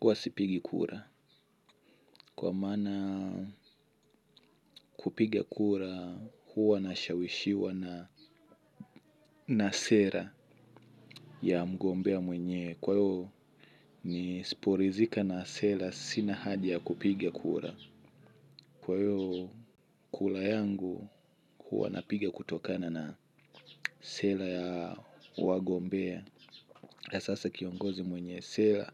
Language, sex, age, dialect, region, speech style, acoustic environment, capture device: Swahili, male, 25-34, Tanzania - Dar es Salaam, Tanzania, happy, silence, mobile / Linux / Chrome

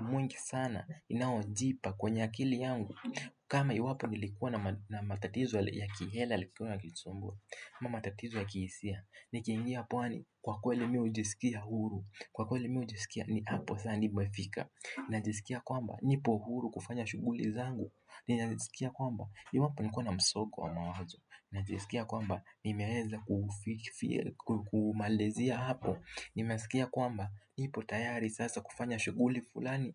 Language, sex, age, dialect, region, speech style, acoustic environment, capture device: Swahili, male, 18-24, Kenya - Nairobi Sheng-influenced, Kenya, relaxed; focused; excited, nature; silence; construction; appliances, desktop / Windows / Firefox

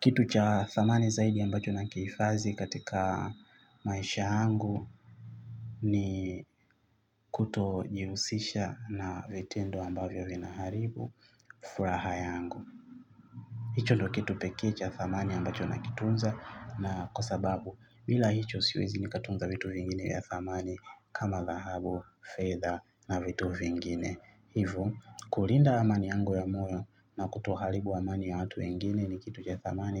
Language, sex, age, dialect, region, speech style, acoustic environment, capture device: Swahili, male, 25-34, Tanzania - Dar es Salaam, Tanzania, excited; happy, traffic, mobile / Linux / Chrome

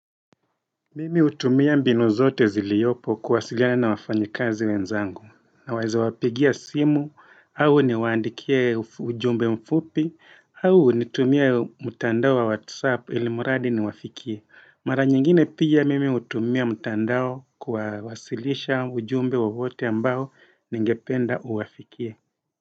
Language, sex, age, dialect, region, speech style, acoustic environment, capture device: Swahili, male, 35-44, Kenya - Mombasa, Kenya, happy; relaxed; focused, nature; silence, desktop / Windows / Edge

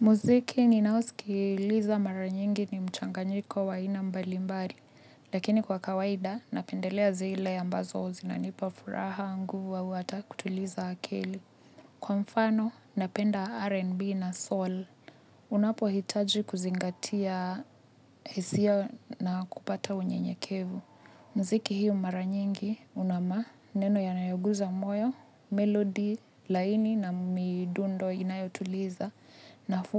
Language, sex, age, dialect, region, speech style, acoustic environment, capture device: Swahili, female, 25-34, Kenya - Nairobi Sheng-influenced, Kenya, happy, people talking, mobile / Linux / Chrome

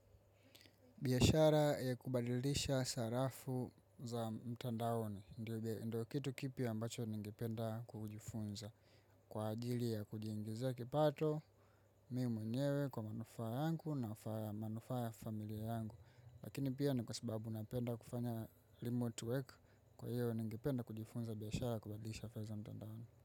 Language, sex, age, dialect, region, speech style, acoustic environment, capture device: Swahili, female, 25-34, Tanzania - Dar es Salaam, Tanzania, focused; excited; relaxed, silence; people talking, mobile / macOS / Safari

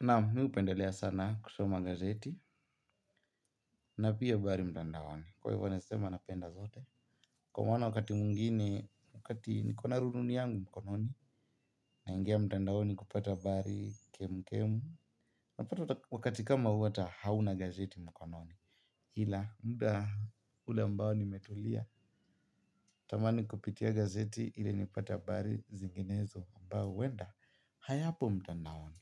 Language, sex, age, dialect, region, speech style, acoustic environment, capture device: Swahili, male, 18-24, Kenya - Nairobi Sheng-influenced, Kenya, happy, people talking, mobile / Linux / Chrome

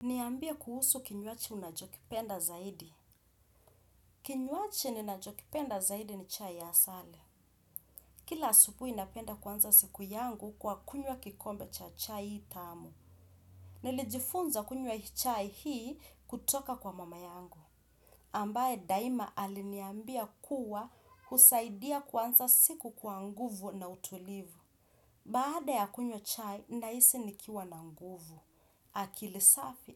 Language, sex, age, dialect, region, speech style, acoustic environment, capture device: Swahili, female, 25-34, Kenya - Mombasa, Kenya, stressed, silence, desktop / Windows / Chrome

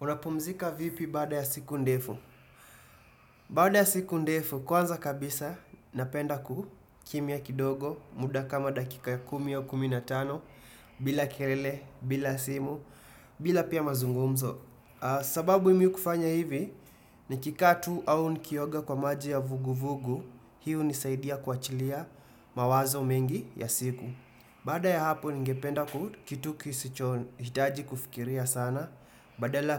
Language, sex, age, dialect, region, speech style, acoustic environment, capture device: Swahili, male, 25-34, Kenya - Nairobi Sheng-influenced, Kenya, happy, silence, desktop / Windows / Chrome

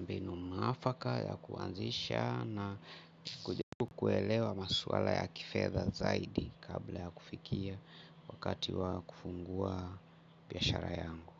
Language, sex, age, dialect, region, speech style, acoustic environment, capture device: Swahili, male, 45-54, Kenya - Mombasa, Kenya, happy, people talking; music; traffic, mobile / Linux / Chrome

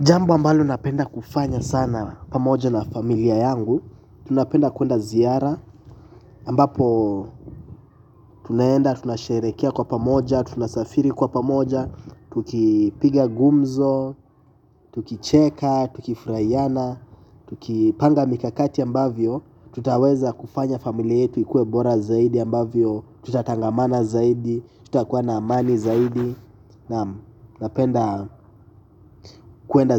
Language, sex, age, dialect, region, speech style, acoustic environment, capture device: Swahili, male, 18-24, Kenya - Mombasa, Kenya, relaxed, nature, mobile / Linux / Chrome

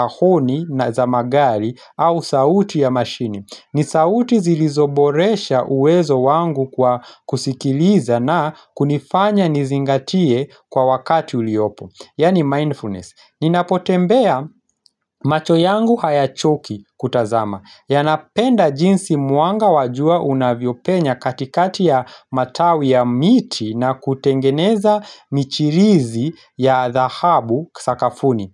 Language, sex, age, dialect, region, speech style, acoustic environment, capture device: Swahili, male, 25-34, Kenya - Nairobi Sheng-influenced, Kenya, relaxed, nature; silence, mobile / Linux / Chrome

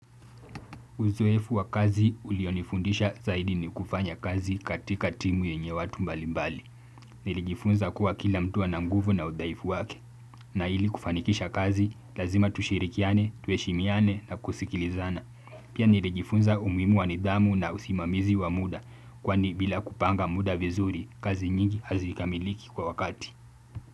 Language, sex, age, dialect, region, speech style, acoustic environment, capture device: Swahili, male, 18-24, Kenya - Mombasa, Kenya, focused, silence, desktop / Windows / Chrome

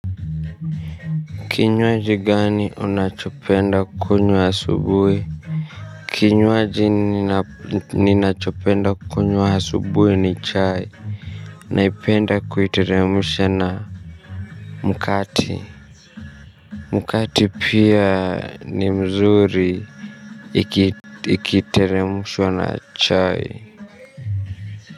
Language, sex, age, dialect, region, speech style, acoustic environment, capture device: Swahili, male, 25-34, Kenya - Nairobi Sheng-influenced, Kenya, nervous; tired; stressed, people talking; music, mobile / Linux / Chrome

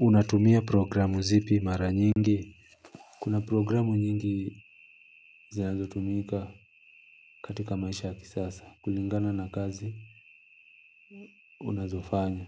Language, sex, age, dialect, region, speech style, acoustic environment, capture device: Swahili, male, 25-34, Kenya - Mombasa, Kenya, relaxed; focused, nature; silence, mobile / Linux / Chrome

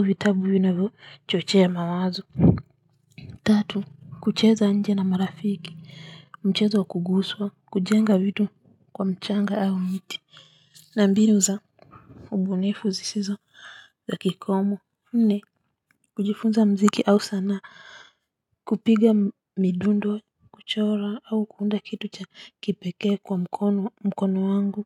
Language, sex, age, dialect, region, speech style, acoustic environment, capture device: Swahili, female, 35-44, Kenya - Nairobi Sheng-influenced, Kenya, nervous, construction; silence, mobile / Linux / Chrome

